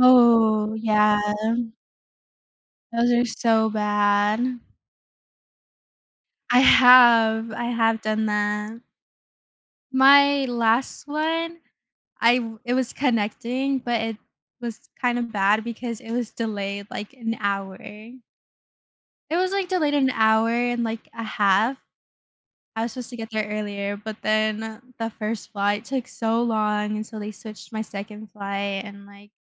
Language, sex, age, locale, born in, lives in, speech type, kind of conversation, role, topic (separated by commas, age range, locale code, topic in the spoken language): English, female, 20-24, en-US, United States, United States, conversation, unstructured, none, How do you think instant access to travel or knowledge would change the way we live and connect with others?